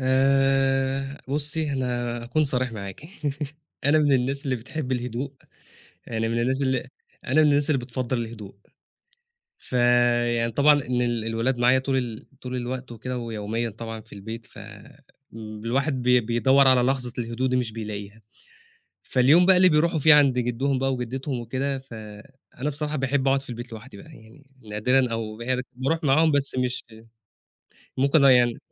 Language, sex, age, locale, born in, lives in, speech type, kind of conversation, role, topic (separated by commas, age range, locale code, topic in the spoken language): Arabic, male, 25-29, ar-EG, Egypt, Egypt, conversation, podcast, guest, إيه الحاجات البسيطة اللي بتفرّحك في البيت كل يوم؟